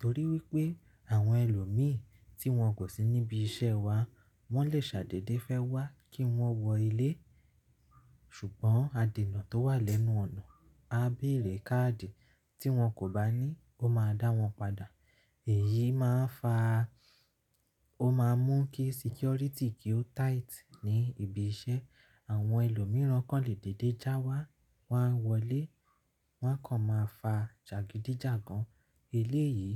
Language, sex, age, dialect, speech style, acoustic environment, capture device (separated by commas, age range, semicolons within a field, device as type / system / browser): Yoruba, male, 25-34, Nigeria - Nigerian Standard, relaxed; anxious; nervous; focused, silence; nature; music; appliances, mobile / Linux / Chrome